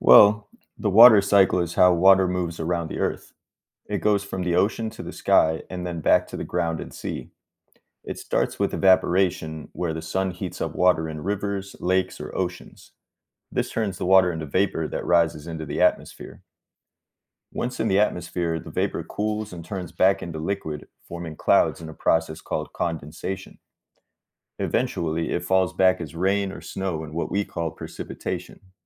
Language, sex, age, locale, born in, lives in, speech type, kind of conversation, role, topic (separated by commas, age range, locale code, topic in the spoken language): English, male, 30-34, en-US, United States, United States, read, expert-assistant, expert, What is the water cycle and how does it work?